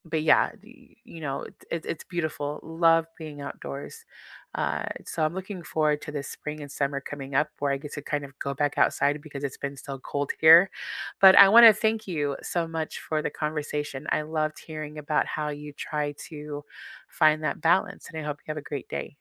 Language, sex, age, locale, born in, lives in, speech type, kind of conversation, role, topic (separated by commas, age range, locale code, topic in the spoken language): English, female, 40-44, en-US, United States, United States, conversation, unstructured, none, How do you balance work and personal life?